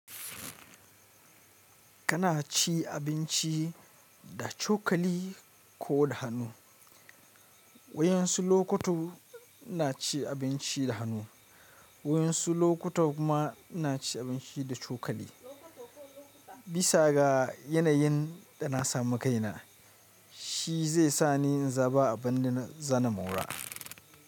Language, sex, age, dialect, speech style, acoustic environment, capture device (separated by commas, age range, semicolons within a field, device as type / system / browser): Hausa, male, 25-34, Nigeria - Kano, relaxed, nature, mobile / Linux / Chrome